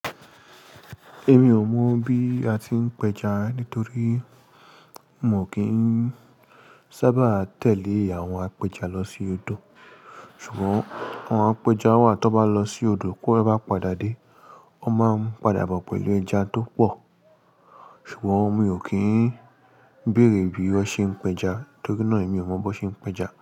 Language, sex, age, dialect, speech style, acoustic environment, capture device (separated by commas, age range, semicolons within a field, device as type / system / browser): Yoruba, male, 25-34, Nigeria - Lagos Yoruba, happy; anxious; nervous; excited; tired; stressed; relaxed, people talking; construction; appliances; music; nature, mobile / Linux / Chrome